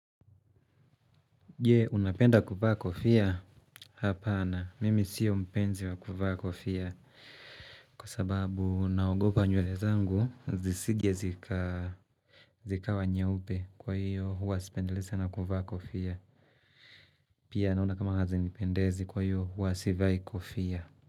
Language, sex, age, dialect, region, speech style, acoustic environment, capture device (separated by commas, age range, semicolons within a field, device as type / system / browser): Swahili, male, 25-34, Tanzania - Dar es Salaam, Tanzania, happy; relaxed, nature; silence, mobile / Linux / Chrome